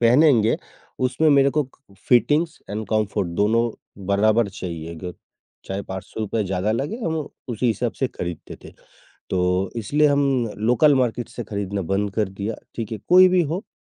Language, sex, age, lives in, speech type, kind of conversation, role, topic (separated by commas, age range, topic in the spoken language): Hindi, male, 55-59, India, conversation, podcast, guest, Budget tight ho, toh style ko naya look dena kahaan se shuru karoge?